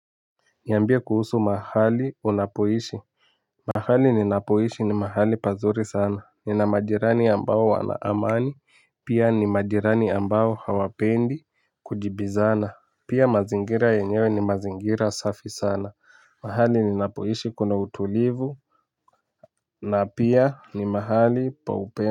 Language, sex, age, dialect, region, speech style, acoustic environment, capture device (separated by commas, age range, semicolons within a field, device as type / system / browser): Swahili, male, 18-24, Kenya - Nairobi Sheng-influenced, Kenya, excited; focused, music; nature; people talking, mobile / Linux / Chrome